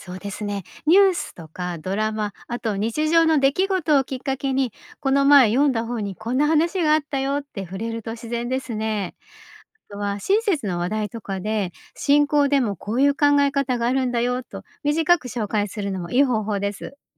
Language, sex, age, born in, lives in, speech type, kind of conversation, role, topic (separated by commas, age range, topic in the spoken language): Japanese, female, 50-54, Japan, Japan, read, expert-assistant, expert, 神学の本やお話を理解しやすくするためのコツや工夫にはどんなものがありますか？